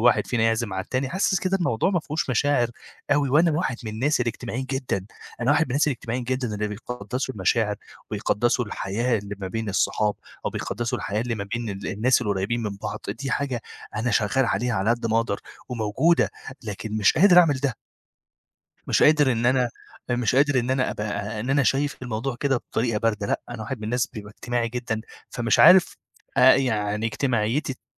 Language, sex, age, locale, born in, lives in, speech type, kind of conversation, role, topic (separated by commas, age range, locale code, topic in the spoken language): Arabic, male, 25-29, ar-EG, Egypt, Egypt, conversation, advice, user, إزاي أتعامل مع إحساس الوحدة وصعوبة تكوين صحاب في المكان الجديد؟